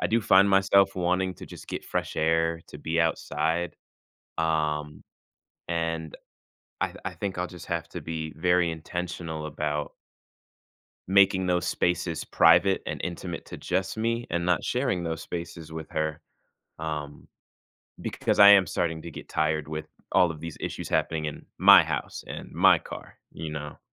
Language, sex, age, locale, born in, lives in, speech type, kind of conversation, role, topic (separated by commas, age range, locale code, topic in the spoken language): English, male, 20-24, en-US, United States, United States, conversation, advice, user, How can I stop feeling drained by emotional labor in my relationship?